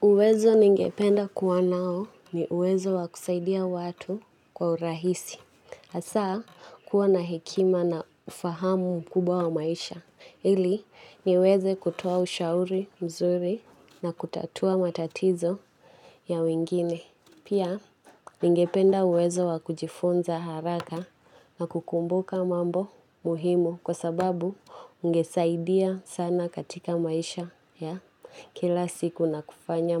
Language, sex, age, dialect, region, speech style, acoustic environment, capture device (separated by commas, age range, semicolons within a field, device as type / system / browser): Swahili, female, 18-24, Kenya - Nairobi Sheng-influenced, Kenya, anxious; excited; nervous, people talking; nature, mobile / Linux / Chrome